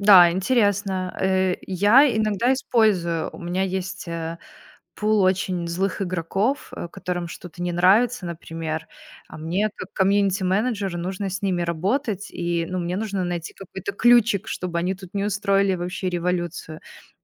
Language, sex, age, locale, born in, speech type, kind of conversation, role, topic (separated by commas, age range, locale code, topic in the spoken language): Russian, female, 30-34, ru-RU, Belarus, conversation, unstructured, none, Какое изобретение изменило твою жизнь больше всего?